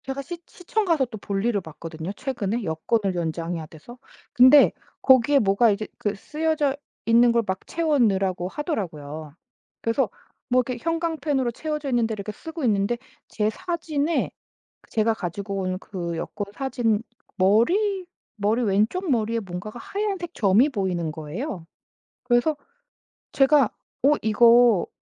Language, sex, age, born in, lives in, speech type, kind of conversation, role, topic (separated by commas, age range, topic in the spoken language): Korean, female, 40-44, South Korea, United States, conversation, advice, user, 비판을 받으면 왜 즉시 방어적이 되거나 공격적으로 반응하게 되나요?